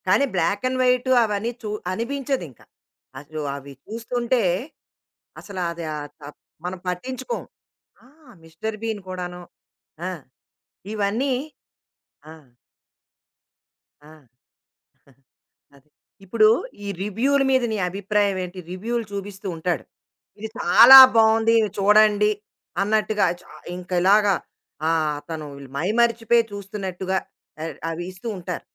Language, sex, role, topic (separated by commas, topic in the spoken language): Telugu, female, host, నోస్టాల్జియా కార్యక్రమాలు మనకు ఎందుకు అంతగా ఆకర్షణీయంగా అనిపిస్తాయి?